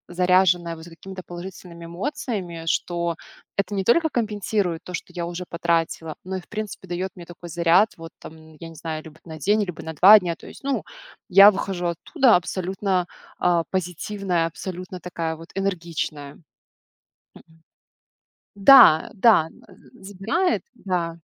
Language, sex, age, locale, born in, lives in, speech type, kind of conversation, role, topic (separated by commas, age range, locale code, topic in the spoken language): Russian, female, 25-29, ru-RU, Belarus, Italy, conversation, podcast, guest, Как хобби влияет на твою повседневную жизнь?